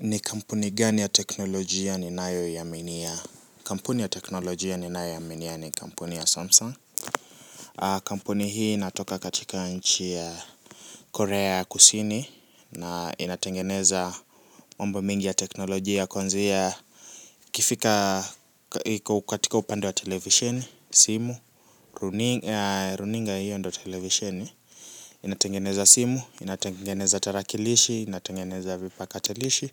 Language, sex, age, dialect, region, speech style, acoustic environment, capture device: Swahili, male, 18-24, Kenya - Nairobi Sheng-influenced, Kenya, relaxed, silence, mobile / Linux / Chrome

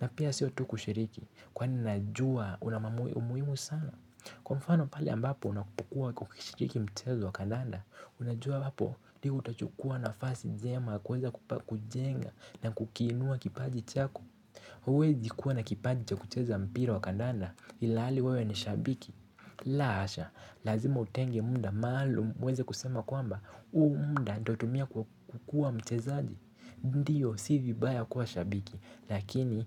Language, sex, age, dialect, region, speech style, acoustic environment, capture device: Swahili, male, 18-24, Kenya - Nairobi Sheng-influenced, Kenya, anxious; excited; focused, nature; silence, mobile / Linux / Chrome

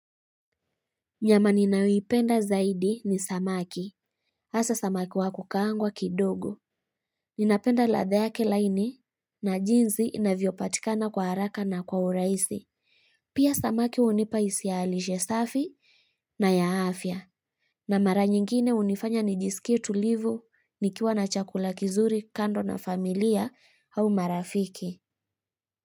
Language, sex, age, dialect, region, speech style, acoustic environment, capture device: Swahili, female, 25-34, Kenya - Kitui, Kenya, happy; relaxed, people talking; traffic; music, mobile / Linux / Chrome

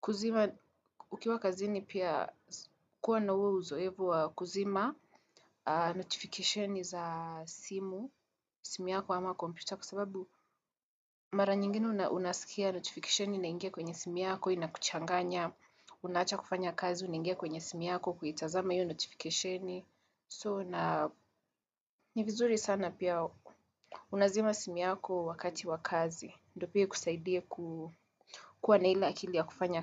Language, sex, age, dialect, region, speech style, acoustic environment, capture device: Swahili, female, 18-24, Kenya - Nairobi Sheng-influenced, Kenya, focused; nervous, people talking; construction; traffic, desktop / Windows / Chrome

